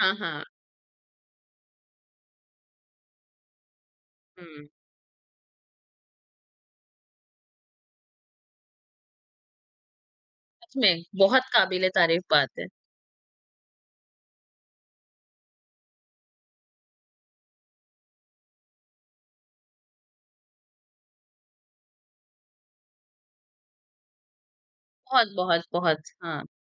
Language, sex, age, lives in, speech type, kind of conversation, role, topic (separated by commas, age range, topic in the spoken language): Hindi, female, 40-44, India, conversation, podcast, host, Tumhara sabse pasandeeda influencer kaun hai, aur tumhe woh kyun pasand hai?